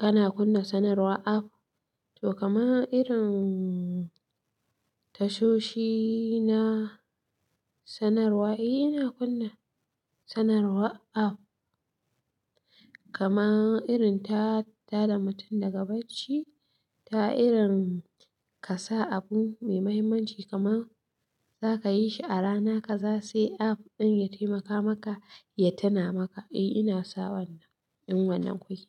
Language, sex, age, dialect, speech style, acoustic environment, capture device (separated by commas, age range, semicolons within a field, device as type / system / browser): Hausa, female, 25-34, Nigeria - Katsina, happy, silence, mobile / Linux / Chrome